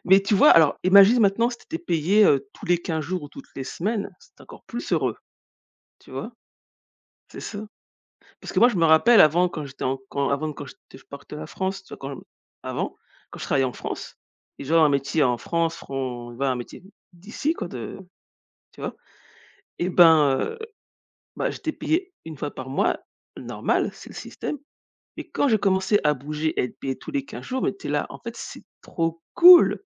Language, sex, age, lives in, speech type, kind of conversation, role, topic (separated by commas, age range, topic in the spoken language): French, female, 40-44, United States, conversation, unstructured, none, Penses-tu que l’argent peut acheter le bonheur ?